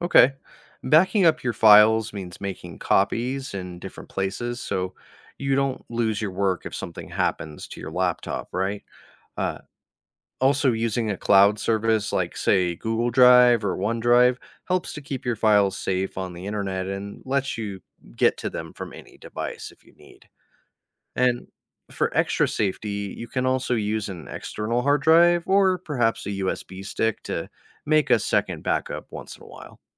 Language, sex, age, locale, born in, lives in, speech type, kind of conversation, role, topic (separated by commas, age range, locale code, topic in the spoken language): English, male, 30-34, en-US, United States, United States, read, expert-assistant, expert, What causes lightning and thunder during a storm?